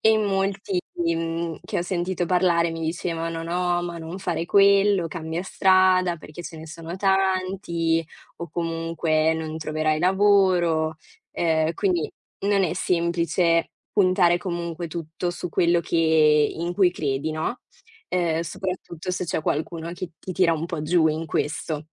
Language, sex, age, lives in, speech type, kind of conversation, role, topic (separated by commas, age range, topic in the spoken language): Italian, female, 20-24, Italy, conversation, unstructured, none, Come fai a convincere gli altri a credere nei tuoi progetti?